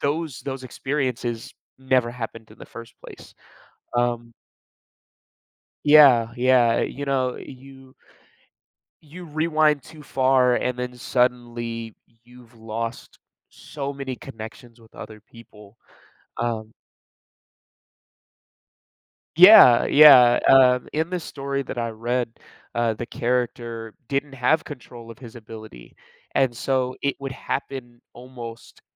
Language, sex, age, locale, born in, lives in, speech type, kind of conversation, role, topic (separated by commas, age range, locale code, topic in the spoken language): English, male, 20-24, en-US, United States, United States, conversation, unstructured, none, Would you rather have a rewind button for your life or a pause button?